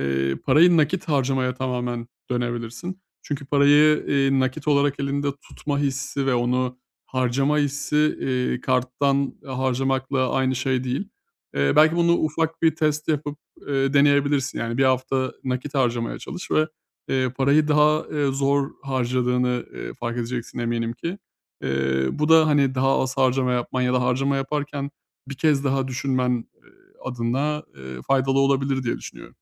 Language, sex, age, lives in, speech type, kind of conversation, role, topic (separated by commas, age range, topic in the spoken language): Turkish, male, 30-34, Bulgaria, conversation, advice, advisor, Bütçe önceliklerimi nasıl koruyabilir ve aşırı harcamadan nasıl kaçınabilirim?